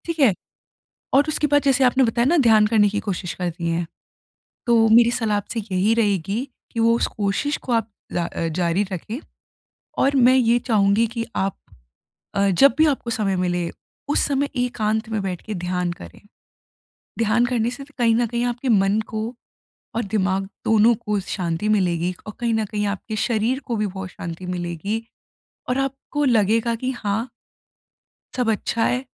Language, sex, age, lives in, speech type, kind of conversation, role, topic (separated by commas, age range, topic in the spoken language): Hindi, female, 20-24, India, conversation, advice, advisor, मैं क्रोध के क्षणों में तर्क-वितर्क को शांत कैसे करूँ?